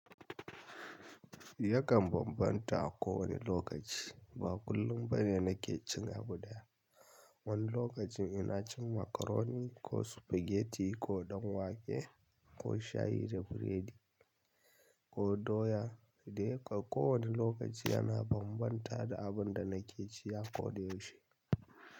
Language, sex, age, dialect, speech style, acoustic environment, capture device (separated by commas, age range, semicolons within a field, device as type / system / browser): Hausa, male, 25-34, Nigeria - Kano, nervous; excited; focused; stressed; relaxed; tired; anxious; happy, silence; nature; music; people talking; traffic; construction; appliances, mobile / Linux / Chrome